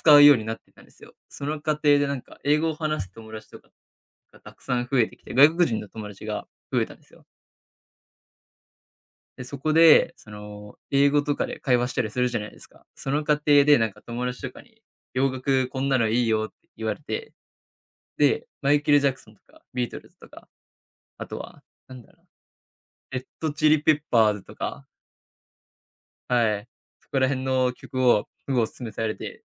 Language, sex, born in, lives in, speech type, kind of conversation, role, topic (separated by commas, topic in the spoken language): Japanese, male, Japan, Japan, conversation, podcast, guest, 子どものころと比べて、音楽の好みはどのように変わりましたか？